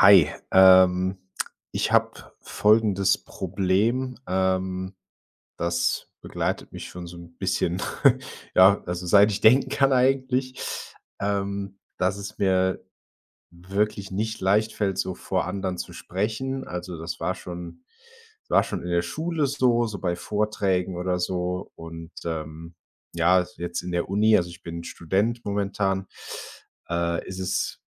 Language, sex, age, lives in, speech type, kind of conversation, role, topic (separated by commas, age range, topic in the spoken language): German, male, 25-29, Germany, conversation, advice, user, Angst vor öffentlichem Sprechen